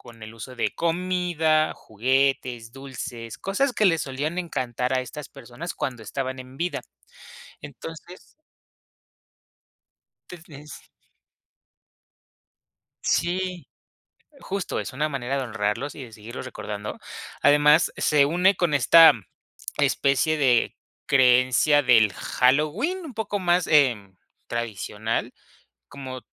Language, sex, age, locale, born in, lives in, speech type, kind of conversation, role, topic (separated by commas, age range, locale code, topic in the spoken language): Spanish, male, 25-29, es-MX, Mexico, Mexico, conversation, podcast, guest, ¿Qué tradiciones familiares recuerdas con cariño?